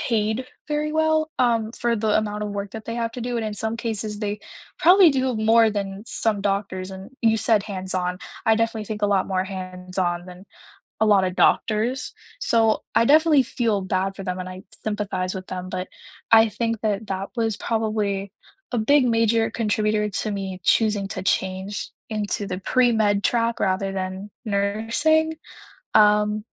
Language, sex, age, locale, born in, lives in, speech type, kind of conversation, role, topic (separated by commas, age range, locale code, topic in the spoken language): English, female, 18-19, en-CA, Canada, Canada, conversation, unstructured, none, How did you choose your current career path?